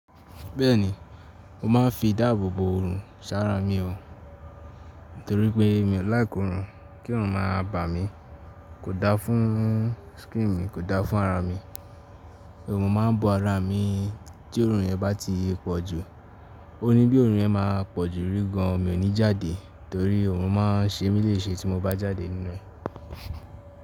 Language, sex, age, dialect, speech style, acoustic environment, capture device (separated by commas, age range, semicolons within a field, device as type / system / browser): Yoruba, male, 18-24, Nigeria - Ibadan, focused, nature, mobile / Linux / Chrome